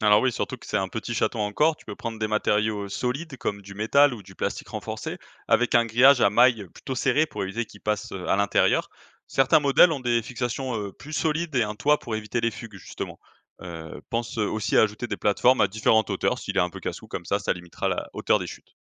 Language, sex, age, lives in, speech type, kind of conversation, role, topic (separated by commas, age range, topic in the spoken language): French, male, 25-29, France, read, expert-assistant, expert, Faut-il laisser son chat sortir dehors en toute sécurité ?